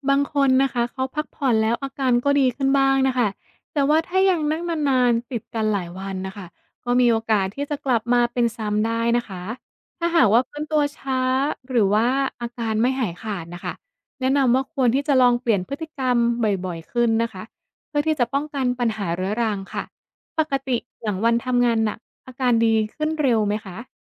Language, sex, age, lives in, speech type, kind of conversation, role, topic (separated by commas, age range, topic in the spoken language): Thai, female, 25-29, Thailand, read, expert-assistant, expert, ถ้าต้องนั่งทำงานหน้าคอมเป็นเวลานาน จะมีวิธีป้องกันหรือบรรเทาอาการปวดหลัง ปวดตา หรืออาการทางกายภาพอื่นๆ ได้อย่างไร?